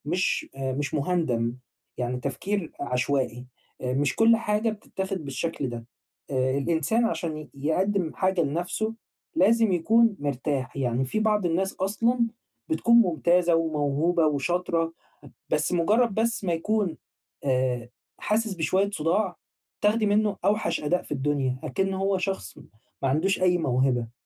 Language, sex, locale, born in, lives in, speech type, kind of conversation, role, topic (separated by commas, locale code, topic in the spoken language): Arabic, male, ar-EG, Egypt, Egypt, conversation, advice, advisor, إزاي أوازن بين فترات التركيز والراحة من غير ما أحس بإرهاق؟